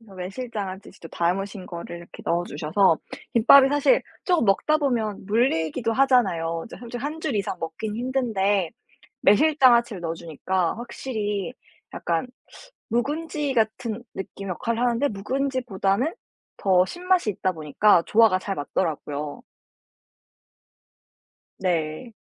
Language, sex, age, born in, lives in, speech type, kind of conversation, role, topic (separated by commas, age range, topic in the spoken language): Korean, female, 18-19, South Korea, United States, conversation, podcast, guest, 가족 전통 음식 레시피를 어떻게 전수받았나요?